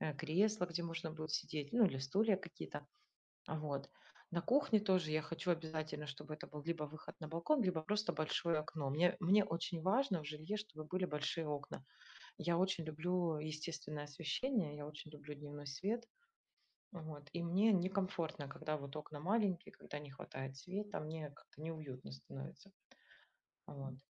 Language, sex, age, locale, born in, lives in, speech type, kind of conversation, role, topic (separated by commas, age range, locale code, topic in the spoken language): Russian, female, 40-44, ru-RU, Ukraine, Spain, conversation, unstructured, none, Как вы представляете себе идеальный момент, когда достигнете своей цели?